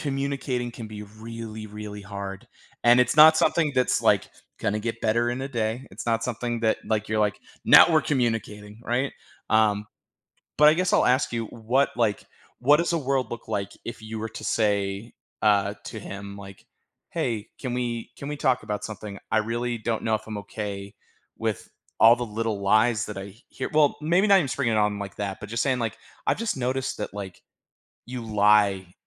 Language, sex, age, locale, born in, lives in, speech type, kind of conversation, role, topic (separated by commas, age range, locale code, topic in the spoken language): English, male, 35-39, en-US, United States, United States, conversation, advice, advisor, How can I share my feelings without starting an argument and feel more heard by my partner?